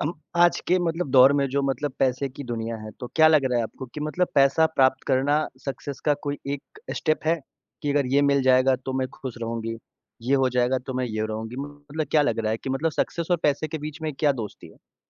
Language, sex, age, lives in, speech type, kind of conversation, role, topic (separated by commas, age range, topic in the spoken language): Hindi, male, 25-29, India, conversation, podcast, host, Tumhare hisaab se success ka asli matlab kya hai?